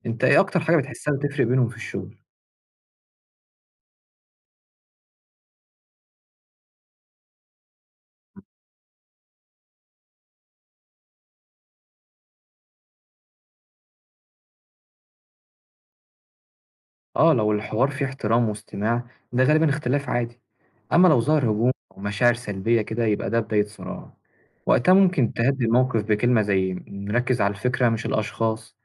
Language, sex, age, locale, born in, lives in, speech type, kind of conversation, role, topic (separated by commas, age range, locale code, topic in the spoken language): Arabic, male, 20-24, ar-EG, Egypt, Egypt, read, expert-assistant, expert, لو عايز أطور نفسي في فهم الاختلافات الثقافية بين الشعوب، أبتدي منين وازاي أمارس ده في حياتي اليومية؟